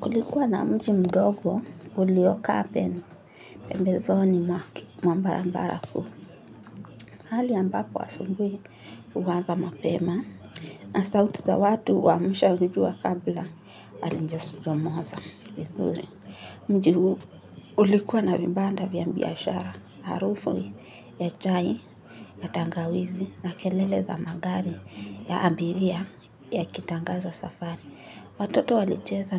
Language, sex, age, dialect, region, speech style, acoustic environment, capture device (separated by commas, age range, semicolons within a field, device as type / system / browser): Swahili, female, 18-24, Kenya - Nairobi Sheng-influenced, Kenya, nervous, people talking; nature; appliances, mobile / Linux / Chrome